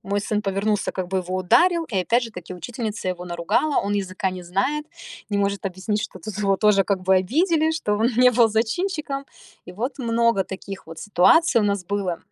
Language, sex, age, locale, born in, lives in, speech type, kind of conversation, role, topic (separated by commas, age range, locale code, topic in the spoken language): Russian, female, 30-34, ru-RU, Russia, United States, conversation, advice, user, Как мне установить личные границы и добиться уважения в новой культуре?